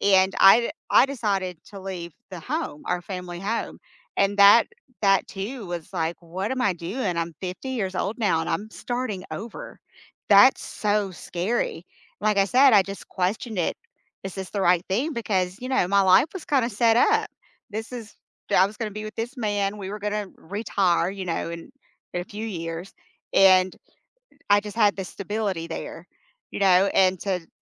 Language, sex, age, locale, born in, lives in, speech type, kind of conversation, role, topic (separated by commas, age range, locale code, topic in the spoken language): English, female, 50-54, en-US, United States, United States, conversation, unstructured, none, What’s a moment that changed how you see life?